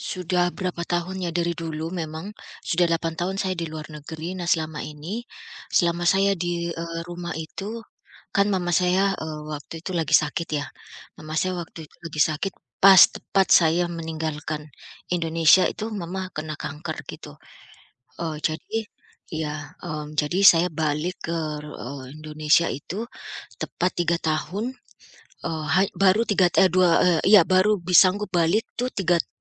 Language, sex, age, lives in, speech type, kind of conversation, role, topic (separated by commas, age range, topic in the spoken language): Indonesian, female, 45-49, United States, conversation, advice, user, Bagaimana cara memaafkan diri sendiri tanpa mengabaikan tanggung jawab?